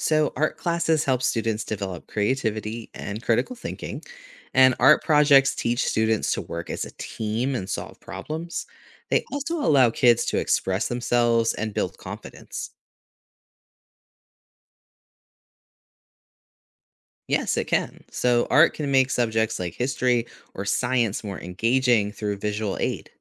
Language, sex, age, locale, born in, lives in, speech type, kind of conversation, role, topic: English, male, 35-39, en-US, United States, United States, read, expert-assistant, expert, What is the significance of art in society?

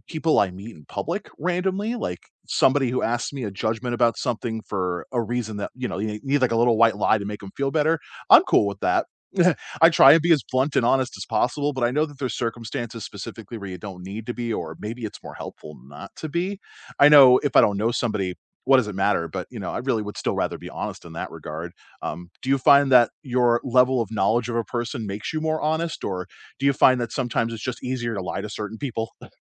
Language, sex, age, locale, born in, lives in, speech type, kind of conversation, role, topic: English, male, 35-39, en-US, United States, United States, conversation, unstructured, none, What does honesty mean to you in daily life?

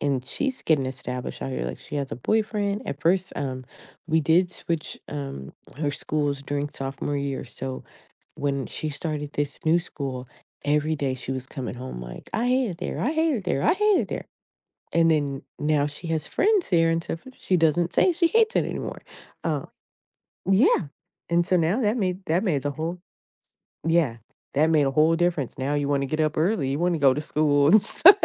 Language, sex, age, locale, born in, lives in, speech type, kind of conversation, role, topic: English, female, 35-39, en-US, United States, United States, conversation, advice, user, How can I find direction after a major life change?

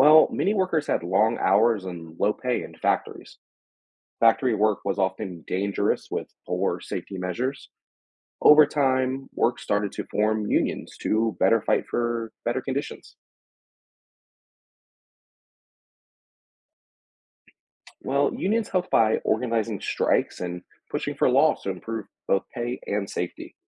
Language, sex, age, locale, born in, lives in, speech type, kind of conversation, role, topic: English, male, 30-34, en-US, United States, United States, read, expert-assistant, expert, What impact did the Industrial Revolution have on society?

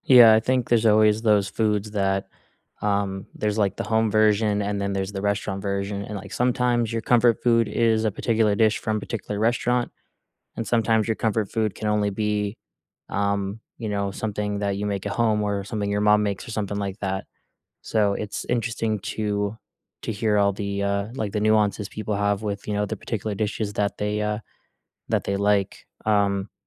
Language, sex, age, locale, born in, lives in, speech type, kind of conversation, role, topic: English, male, 20-24, en-US, United States, United States, conversation, unstructured, none, Which childhood comfort foods still warm your heart today, and what memories make them special?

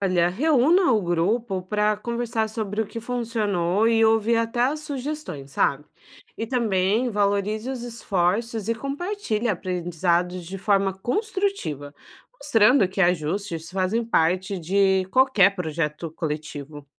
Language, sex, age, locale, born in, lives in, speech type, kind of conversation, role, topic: Portuguese, female, 30-34, pt-BR, Brazil, Portugal, read, expert-assistant, expert, Como posso organizar um mutirão para cuidar de um parque na minha cidade, aproveitando bem o tempo e os recursos das pessoas envolvidas?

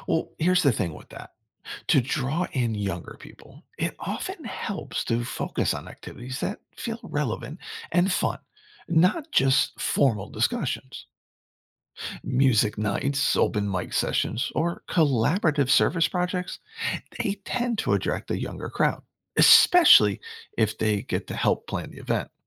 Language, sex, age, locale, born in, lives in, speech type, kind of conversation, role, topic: English, male, 50-54, en-US, United States, United States, read, expert-assistant, expert, What innovative methods could help make interfaith dialogue more engaging and accessible to everyone?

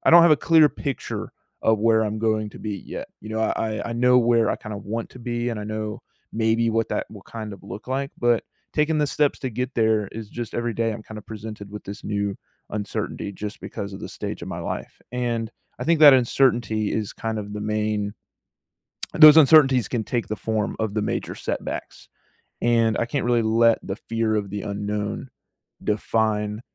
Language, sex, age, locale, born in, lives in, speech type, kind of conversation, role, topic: English, male, 18-19, en-US, United States, United States, conversation, podcast, guest, What helps you stay resilient and motivated when facing challenges in your wellness journey?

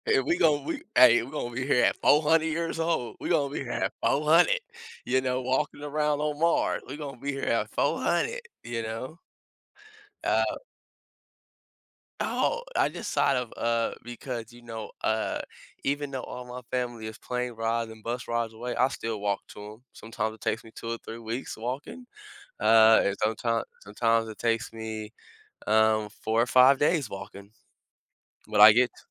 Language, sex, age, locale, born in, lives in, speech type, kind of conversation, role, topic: English, male, 25-29, en-US, United States, United States, conversation, unstructured, none, What factors shape your choice of who to spend your weekends with?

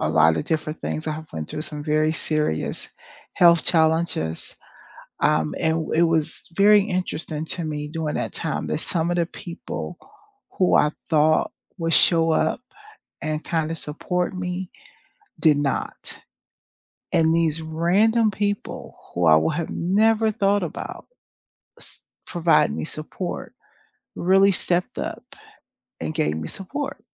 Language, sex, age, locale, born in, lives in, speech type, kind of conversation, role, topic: English, female, 50-54, en-US, United States, United States, conversation, unstructured, none, How can you build a support circle you can rely on?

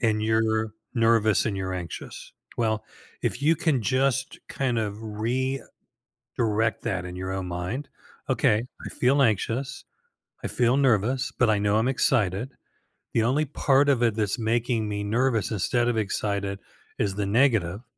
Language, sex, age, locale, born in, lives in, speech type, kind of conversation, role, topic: English, male, 65-69, en-US, United States, United States, conversation, advice, advisor, How do I manage anxiety and build confidence for public speaking and social events?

